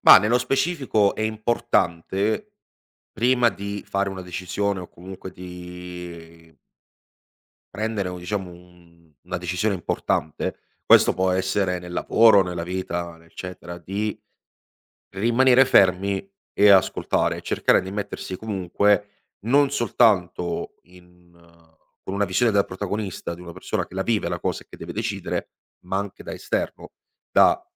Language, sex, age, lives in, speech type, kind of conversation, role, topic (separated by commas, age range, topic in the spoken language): Italian, male, 25-29, Italy, conversation, podcast, guest, Raccontami di una volta in cui hai imparato da un fallimento?